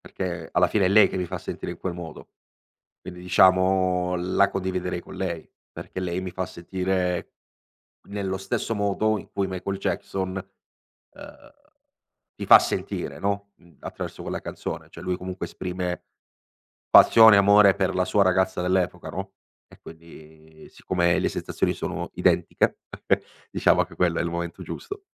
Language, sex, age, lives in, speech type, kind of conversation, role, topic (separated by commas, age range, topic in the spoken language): Italian, male, 25-29, Italy, conversation, podcast, guest, Qual è una canzone che ti fa tornare subito indietro nel tempo?